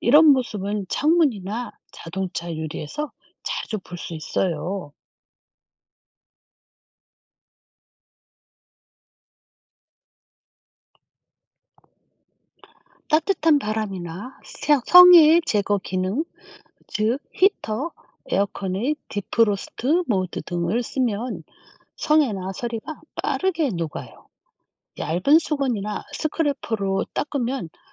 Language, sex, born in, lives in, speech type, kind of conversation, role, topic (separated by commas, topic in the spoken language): Korean, female, South Korea, South Korea, read, expert-assistant, expert, 왜 겨울에는 입김이 보일까요?